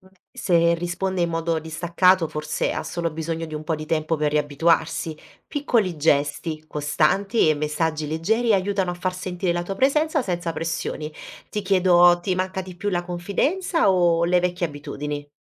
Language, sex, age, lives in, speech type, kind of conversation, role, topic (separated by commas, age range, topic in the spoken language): Italian, female, 30-34, Italy, read, expert-assistant, expert, Quali strategie usi per mantenere vivi i rapporti con amici e conoscenti nella vita quotidiana?